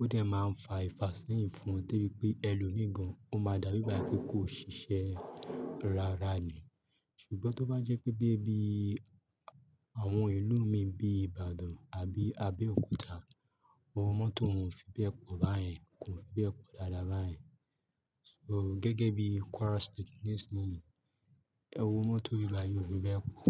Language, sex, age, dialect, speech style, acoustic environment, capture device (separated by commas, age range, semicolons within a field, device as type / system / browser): Yoruba, male, 25-34, Nigeria - Lagos, happy, music, mobile / Linux / Chrome